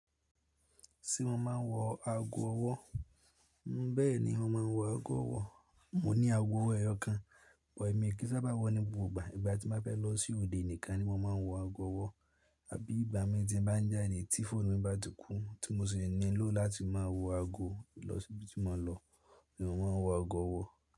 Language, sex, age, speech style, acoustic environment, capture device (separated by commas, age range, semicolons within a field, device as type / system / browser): Yoruba, male, 18-24, stressed, silence, mobile / Linux / Chrome